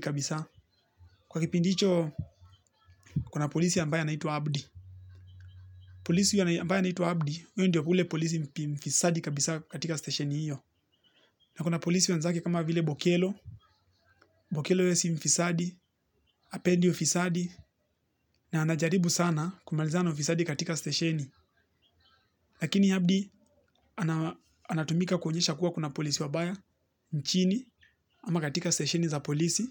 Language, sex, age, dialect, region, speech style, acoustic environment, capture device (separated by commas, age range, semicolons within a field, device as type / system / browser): Swahili, male, 18-24, Kenya - Nairobi Sheng-influenced, Kenya, relaxed, nature; people talking; appliances, mobile / Linux / Chrome